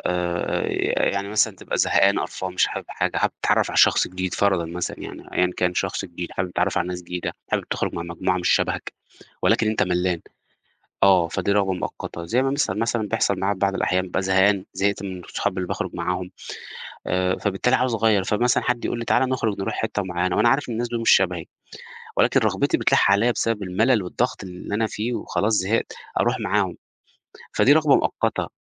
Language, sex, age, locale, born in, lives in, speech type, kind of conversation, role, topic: Arabic, male, 30-34, ar-EG, Egypt, Portugal, conversation, podcast, guest, إزاي تفرّق بين رغباتك الحقيقية والرغبات اللي بتبقى مؤقتة؟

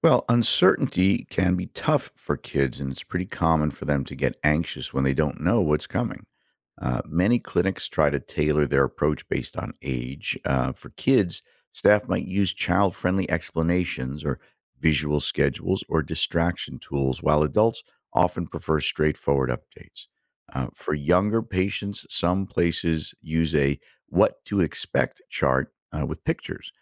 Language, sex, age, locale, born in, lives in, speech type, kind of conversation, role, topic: English, male, 55-59, en-US, United States, United States, read, expert-assistant, expert, How can we redesign doctor’s office visits to feel less stressful and more welcoming for patients?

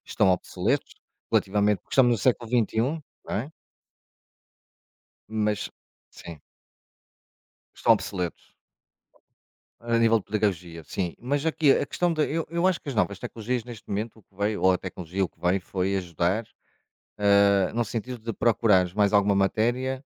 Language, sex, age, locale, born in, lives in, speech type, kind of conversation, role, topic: Portuguese, male, 45-49, pt-PT, Portugal, Portugal, conversation, unstructured, none, Como a tecnologia mudou a forma de aprender hoje?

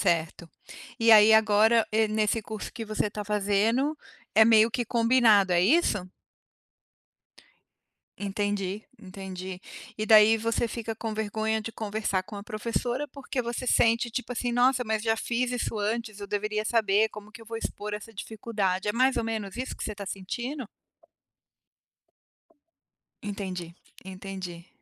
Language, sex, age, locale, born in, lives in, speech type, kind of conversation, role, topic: Portuguese, female, 45-49, pt-BR, Brazil, United States, conversation, advice, advisor, Como posso falar sobre minhas dificuldades sem sentir vergonha?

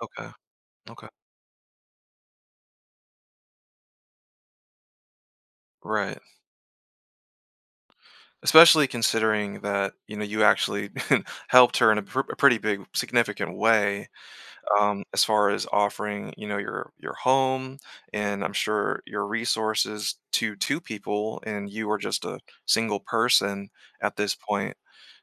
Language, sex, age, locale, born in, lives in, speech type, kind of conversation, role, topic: English, male, 30-34, en-US, Germany, United States, conversation, advice, advisor, How do I address feeling betrayed by my close friend?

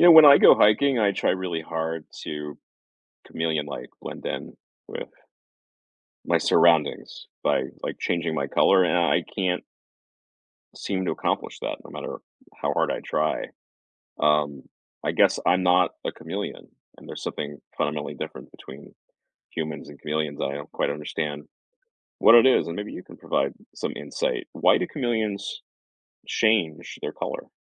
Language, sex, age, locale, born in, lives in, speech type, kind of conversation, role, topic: English, male, 40-44, en-US, United States, United States, read, expert-assistant, asker, Why do chameleons change color?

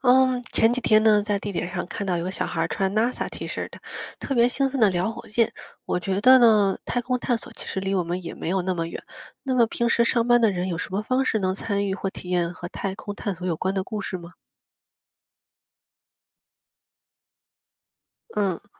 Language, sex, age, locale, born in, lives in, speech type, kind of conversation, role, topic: Chinese, female, 25-29, zh-CN, China, United States, read, expert-assistant, asker, 如果我对太空探索感兴趣，生活中有哪些方式可以参与或体验？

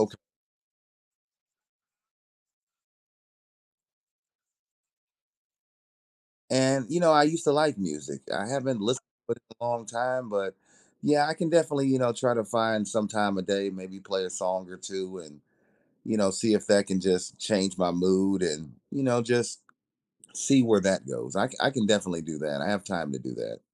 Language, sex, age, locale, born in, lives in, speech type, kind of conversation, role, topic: English, male, 40-44, en-US, United States, United States, conversation, advice, user, How can I manage feeling overwhelmed by my responsibilities?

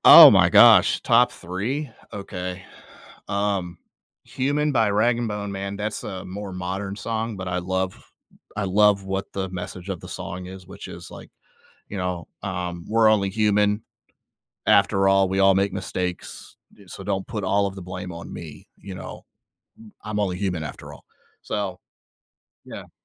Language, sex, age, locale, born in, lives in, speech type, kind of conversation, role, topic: English, male, 30-34, en-US, United States, United States, conversation, podcast, guest, Why do certain songs or types of music trigger strong memories and emotions in us?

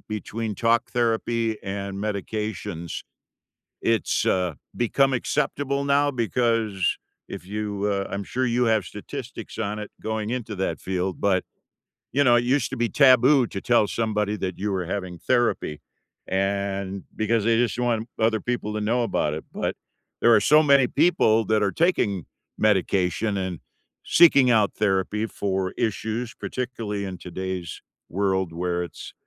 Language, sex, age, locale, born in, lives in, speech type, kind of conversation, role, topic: English, male, 65-69, en-US, United States, United States, conversation, unstructured, none, What would you like to make more room for in your life over the next few years?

